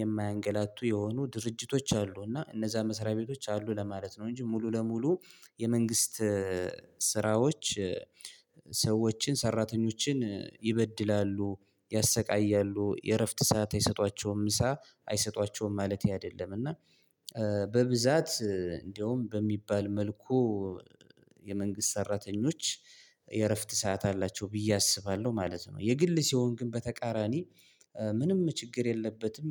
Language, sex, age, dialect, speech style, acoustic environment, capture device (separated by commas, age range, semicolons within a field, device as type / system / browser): Amharic, male, 25-34, Ethiopia - Gondar, focused, silence, mobile / Linux / Chrome